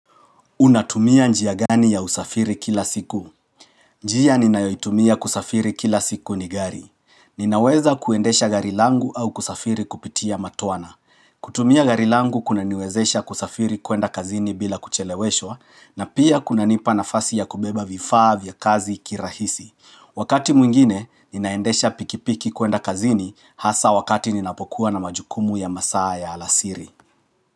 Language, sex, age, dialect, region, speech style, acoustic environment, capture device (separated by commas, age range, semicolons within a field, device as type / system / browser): Swahili, male, 35-44, Kenya - Nairobi Sheng-influenced, Kenya, relaxed, nature; appliances, mobile / Linux / Chrome